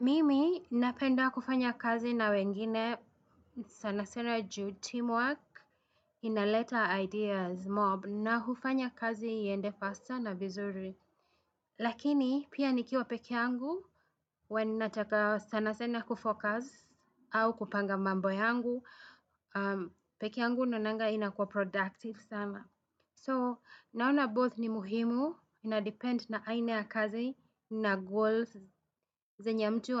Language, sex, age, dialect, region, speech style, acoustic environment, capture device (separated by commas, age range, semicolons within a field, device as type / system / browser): Swahili, female, 35-44, Kenya - Nairobi Sheng-influenced, Kenya, happy; anxious; nervous; excited, people talking; traffic; music, desktop / Windows / Chrome